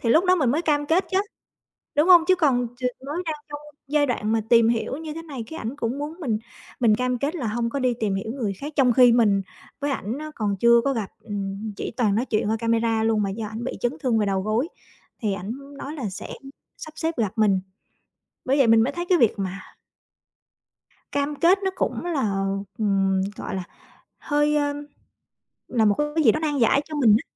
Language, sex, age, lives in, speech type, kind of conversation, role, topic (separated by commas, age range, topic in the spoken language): Vietnamese, female, 35-39, Vietnam, conversation, advice, user, Làm sao biết khi nào bạn nên cam kết trong mối quan hệ mới này?